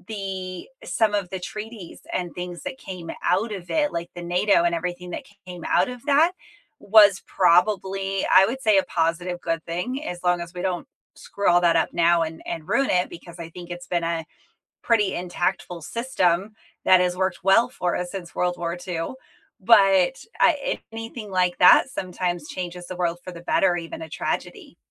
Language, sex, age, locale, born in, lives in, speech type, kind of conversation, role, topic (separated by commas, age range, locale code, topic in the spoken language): English, female, 35-39, en-US, United States, United States, conversation, unstructured, none, What is a historical event that changed the world for the better?